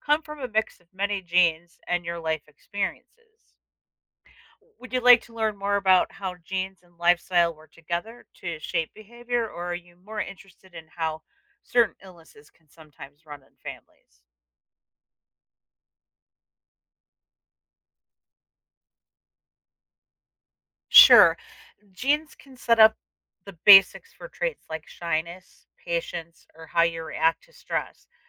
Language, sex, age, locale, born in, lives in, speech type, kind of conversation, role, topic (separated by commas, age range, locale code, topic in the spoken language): English, female, 50-54, en-US, United States, United States, read, expert-assistant, expert, What is DNA and how does it determine our traits?